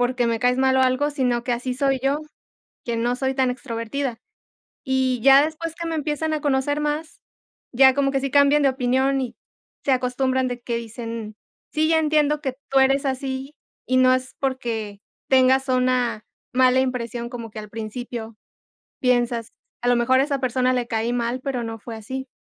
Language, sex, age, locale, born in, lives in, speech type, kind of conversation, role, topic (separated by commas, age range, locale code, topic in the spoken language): Spanish, female, 25-29, es-MX, Mexico, Mexico, conversation, unstructured, none, ¿Cómo reaccionas cuando alguien no acepta tu identidad?